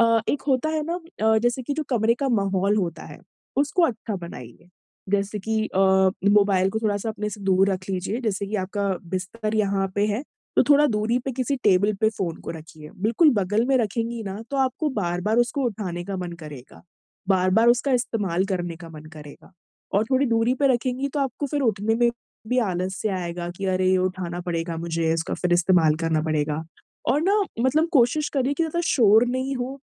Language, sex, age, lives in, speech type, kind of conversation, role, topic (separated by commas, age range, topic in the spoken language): Hindi, female, 25-29, India, conversation, advice, advisor, मैं रोज़ एक ही समय पर सोने की आदत कैसे बना सकता/सकती हूँ?